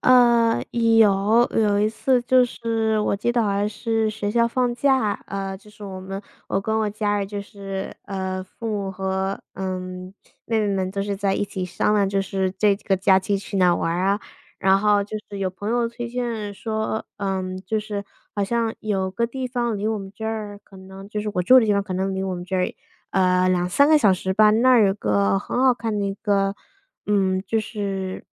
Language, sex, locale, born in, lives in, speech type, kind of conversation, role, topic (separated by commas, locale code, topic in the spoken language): Chinese, female, zh-CN, United States, United States, conversation, unstructured, none, 你通常会怎么选择旅行目的地？